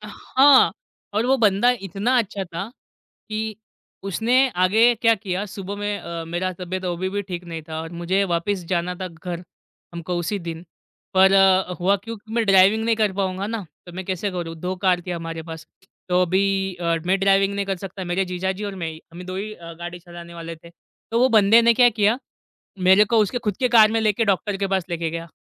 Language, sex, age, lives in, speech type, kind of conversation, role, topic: Hindi, male, 25-29, India, conversation, podcast, guest, Agar tumhari local logon se dosti hui ho, toh koi yaadgar kahani kya hai?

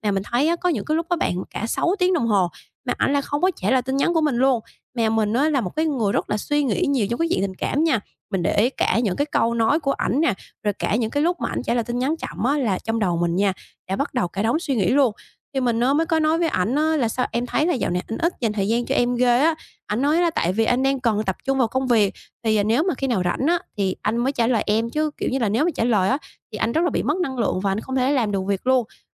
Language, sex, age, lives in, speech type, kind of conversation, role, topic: Vietnamese, female, 25-29, Vietnam, conversation, advice, user, Làm sao để giao tiếp hiệu quả khi có mâu thuẫn trong tình yêu?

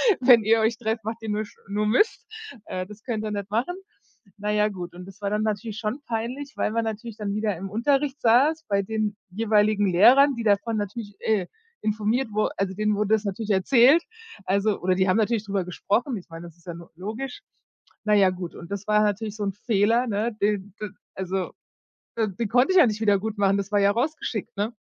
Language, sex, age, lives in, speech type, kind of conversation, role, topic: German, female, 40-44, United States, conversation, unstructured, none, Was würdest du tun, wenn du einen Fehler nicht wiedergutmachen kannst?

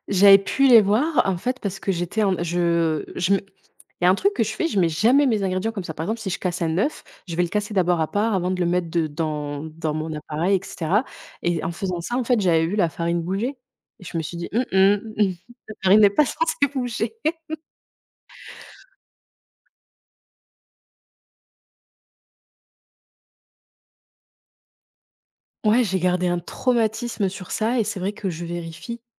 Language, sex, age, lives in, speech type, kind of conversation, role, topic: French, female, 25-29, France, conversation, podcast, guest, Comment as-tu commencé la pâtisserie et quelles astuces t’ont le plus aidé·e ?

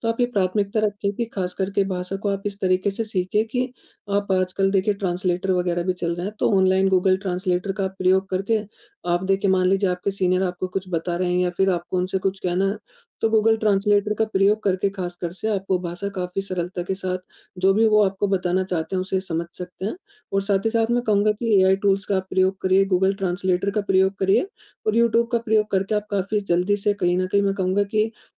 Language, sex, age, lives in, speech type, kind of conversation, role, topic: Hindi, male, 20-24, India, conversation, advice, advisor, मैं अपने काम में भरोसा और विश्वसनीयता कैसे बढ़ाऊँ?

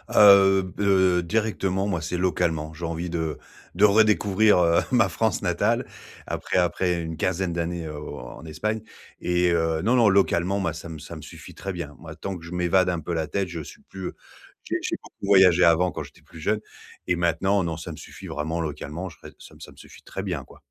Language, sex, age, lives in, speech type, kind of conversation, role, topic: French, male, 40-44, France, conversation, advice, user, Comment trouver du temps libre pour voyager sans bouleverser mon travail et ma vie?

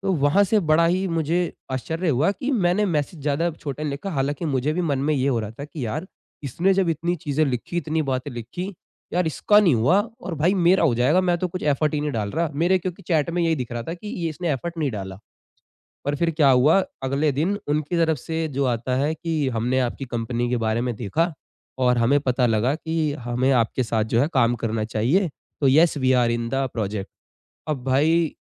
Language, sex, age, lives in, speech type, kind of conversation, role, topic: Hindi, male, 20-24, India, conversation, podcast, guest, Short aur clear messages se logon ka trust kyun badhta hai?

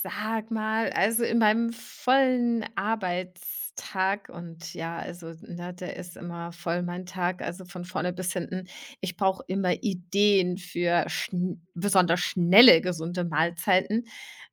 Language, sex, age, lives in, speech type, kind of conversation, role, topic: German, female, 40-44, Germany, conversation, advice, user, Wie finde ich schnelle, gesunde Mahlzeiten, wenn ich wenig Zeit zum Kochen habe?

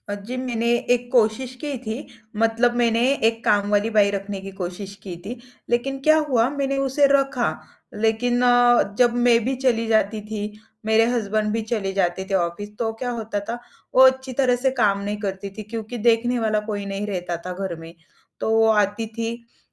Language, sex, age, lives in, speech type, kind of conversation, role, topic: Hindi, female, 25-29, India, conversation, advice, user, मैं घर या कार्यालय को रोज़ व्यवस्थित रखने की आदत कैसे बना सकता/सकती हूँ?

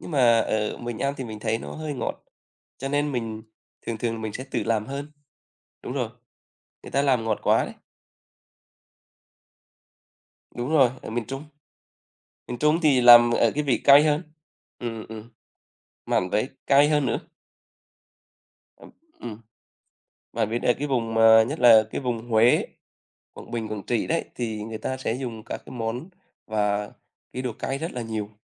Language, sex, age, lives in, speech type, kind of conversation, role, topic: Vietnamese, male, 35-39, Vietnam, conversation, unstructured, none, Bạn có kỷ niệm nào về gia đình vào dịp Tết mà bạn nhớ nhất không?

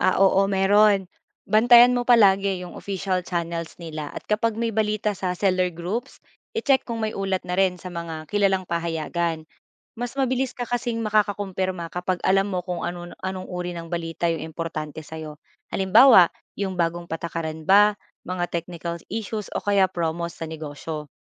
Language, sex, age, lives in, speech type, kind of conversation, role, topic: Filipino, female, 25-29, Philippines, read, expert-assistant, expert, Paano mo malalaman kung totoo o mapagkakatiwalaan ang mga balita at impormasyon na nakikita mo sa social media?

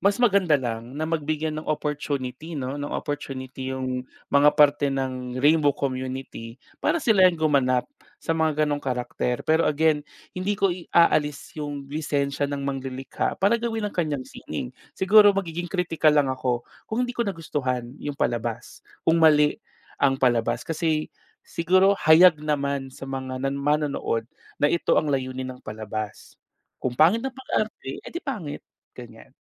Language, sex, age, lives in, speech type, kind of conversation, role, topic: Filipino, male, 30-34, Philippines, conversation, podcast, guest, Bakit mahalaga ang representasyon sa pelikula at telebisyon, sa tingin mo?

